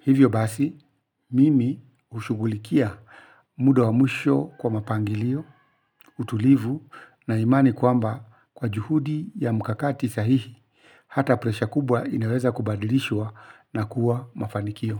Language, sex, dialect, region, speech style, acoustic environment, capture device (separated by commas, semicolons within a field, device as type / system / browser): Swahili, male, Kenya - Nairobi Sheng-influenced, Kenya, focused; relaxed, nature, mobile / Linux / Chrome